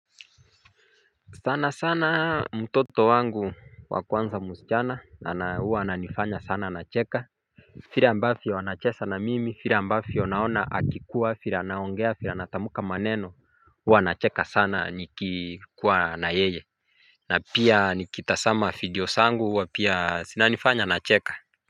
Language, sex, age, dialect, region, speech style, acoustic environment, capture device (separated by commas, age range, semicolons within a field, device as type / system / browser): Swahili, male, 25-34, Kenya - Mombasa, Kenya, focused, nature, mobile / Linux / Chrome